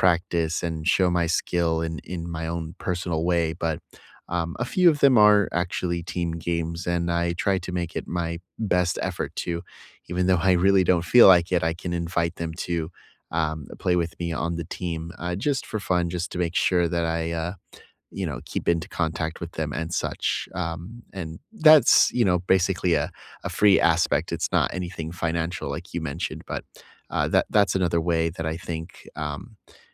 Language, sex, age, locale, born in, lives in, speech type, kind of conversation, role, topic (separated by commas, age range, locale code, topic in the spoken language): English, male, 30-34, en-US, United States, United States, conversation, unstructured, none, Which small rituals help you feel close across distance, and how do they nurture connection?